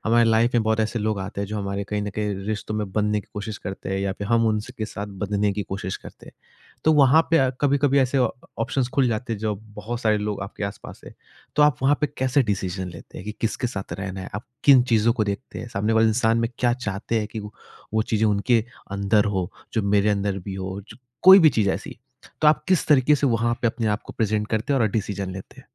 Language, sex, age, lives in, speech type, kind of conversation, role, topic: Hindi, male, 25-29, India, conversation, podcast, host, Jab bahut saare options hon, toh decision simplify karne ka sabse asaan tareeqa kya hai?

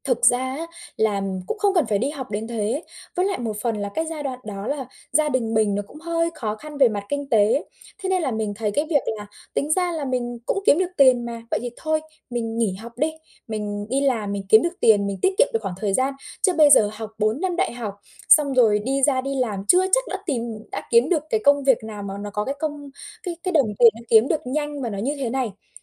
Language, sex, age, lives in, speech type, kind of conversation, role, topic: Vietnamese, female, 25-29, Vietnam, conversation, advice, user, Làm sao để biến sai lầm thành cơ hội học hỏi?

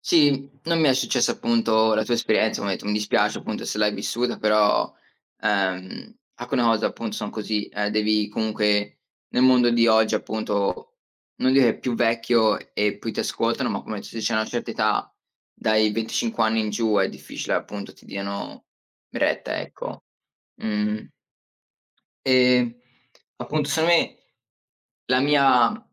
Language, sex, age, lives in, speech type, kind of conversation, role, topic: Italian, male, 20-24, United States, conversation, unstructured, none, Come motivi le persone a iniziare a fare attività fisica con regolarità?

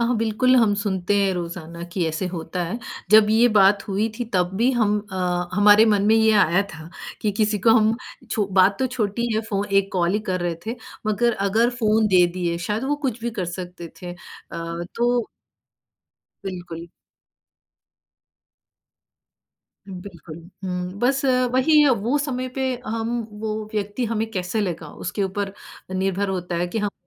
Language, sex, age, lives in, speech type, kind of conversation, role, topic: Hindi, female, 40-44, United States, conversation, podcast, guest, पड़ोस में भरोसा कैसे बनाया जा सकता है?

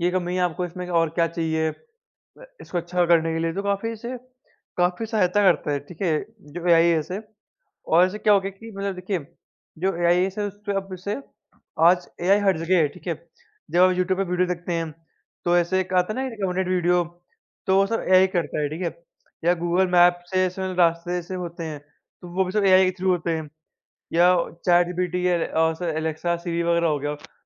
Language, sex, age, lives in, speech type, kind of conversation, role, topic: Hindi, male, 45-49, India, conversation, unstructured, none, क्या आपको लगता है कि कृत्रिम बुद्धिमत्ता हमारे काम को आसान बना देगी?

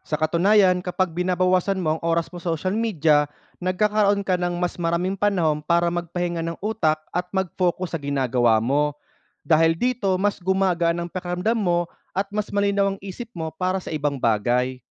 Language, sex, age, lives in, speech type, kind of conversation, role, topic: Filipino, male, 30-34, Philippines, read, expert-assistant, expert, Ano ang epekto ng maagang paggamit ng social media sa pag-uugali ng kabataan?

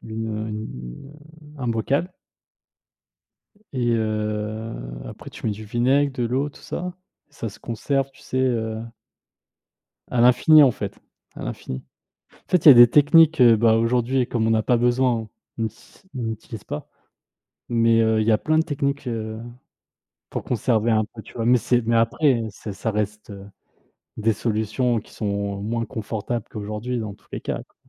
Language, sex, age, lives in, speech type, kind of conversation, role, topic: French, male, 30-34, France, conversation, unstructured, none, Comment imaginez-vous la vie avant l’électricité ?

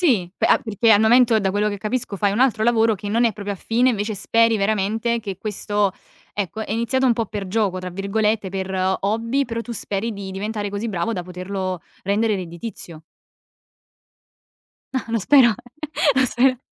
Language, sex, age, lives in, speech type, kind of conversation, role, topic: Italian, female, 20-24, Italy, conversation, podcast, host, Qual è il primo passo che fai quando vuoi provare qualcosa di nuovo?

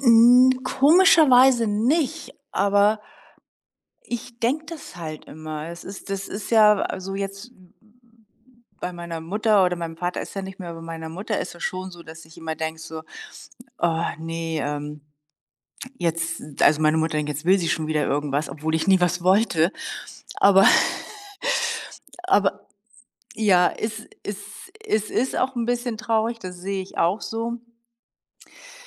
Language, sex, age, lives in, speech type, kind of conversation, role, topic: German, female, 60-64, Germany, conversation, advice, user, Wie kann ich um Hilfe bitten, ohne mich schwach zu fühlen?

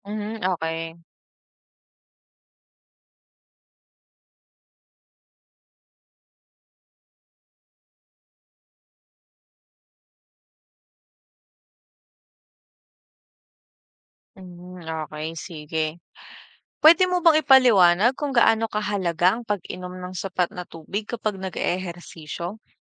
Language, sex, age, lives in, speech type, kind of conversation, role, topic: Filipino, female, 25-29, Philippines, read, expert-assistant, asker, Ano ang mga benepisyo ng regular na pag-eehersisyo sa kalusugan?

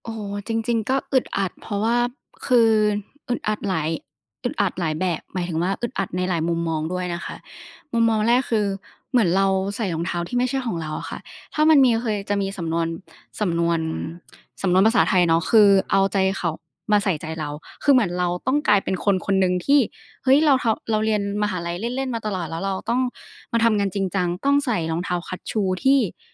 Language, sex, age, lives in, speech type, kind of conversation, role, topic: Thai, female, 20-24, Thailand, conversation, podcast, guest, การแต่งตัวสะท้อนตัวตนของคุณอย่างไร?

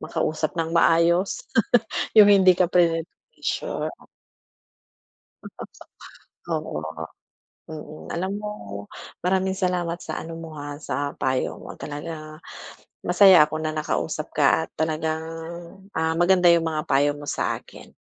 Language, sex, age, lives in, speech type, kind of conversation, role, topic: Filipino, female, 40-44, Philippines, conversation, advice, user, Paano ko haharapin ang presyur mula sa mga malalapit kong kaibigan?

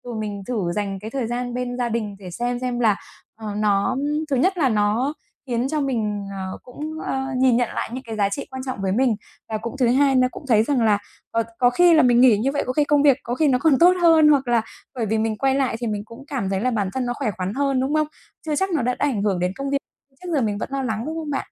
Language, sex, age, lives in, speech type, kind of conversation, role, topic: Vietnamese, female, 35-39, Vietnam, conversation, advice, user, Làm thế nào để ưu tiên giữa gia đình, công việc và thời gian cho bản thân?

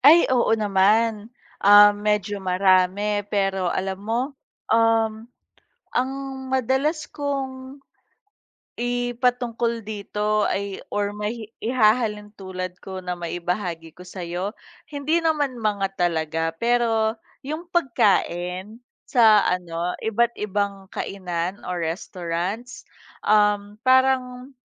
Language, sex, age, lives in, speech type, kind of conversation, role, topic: Filipino, female, 30-34, Philippines, conversation, unstructured, none, Mayroon ka bang hilig na naging dahilan para magkaroon ka ng mga kaibigan?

